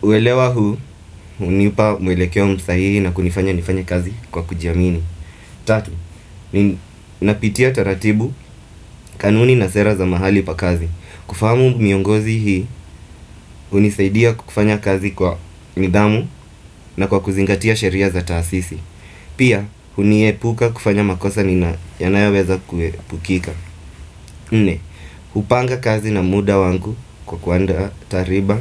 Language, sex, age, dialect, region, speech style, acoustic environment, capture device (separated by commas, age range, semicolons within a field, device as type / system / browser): Swahili, male, 25-34, Kenya - Mombasa, Kenya, anxious, appliances, desktop / Windows / Firefox